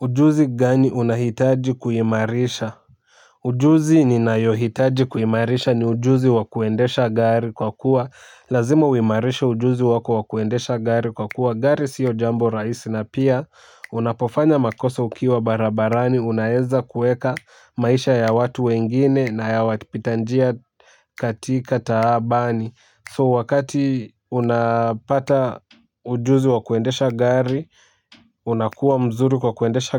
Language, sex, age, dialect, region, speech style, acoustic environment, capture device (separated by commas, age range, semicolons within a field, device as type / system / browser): Swahili, male, 18-24, Kenya - Nairobi Sheng-influenced, Kenya, excited, people talking; music; nature, mobile / Linux / Chrome